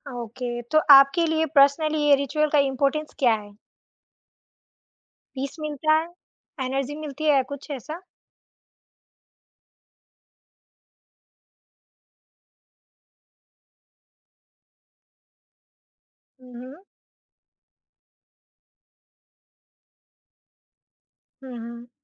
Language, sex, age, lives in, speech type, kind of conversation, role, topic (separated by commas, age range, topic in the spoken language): Hindi, female, 20-24, India, conversation, podcast, host, Subah uthte hi aap sabse pehle ghar ka kaunsa riwaaz follow karte ho?